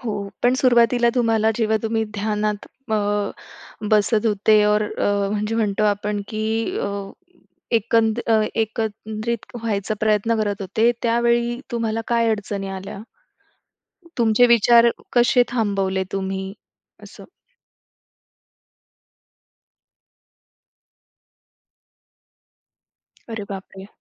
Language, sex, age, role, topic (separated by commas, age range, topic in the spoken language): Marathi, female, 25-29, host, मी रोज ध्यानाची सवय कशी लावू शकतो?